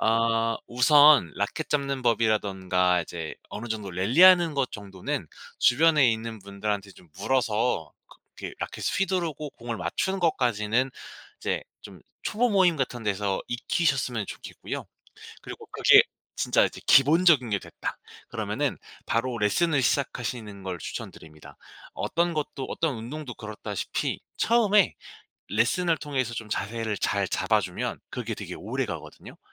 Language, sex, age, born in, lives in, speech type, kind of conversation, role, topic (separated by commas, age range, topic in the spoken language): Korean, male, 25-29, South Korea, South Korea, conversation, podcast, guest, 그 취미는 어떻게 시작하게 되셨나요?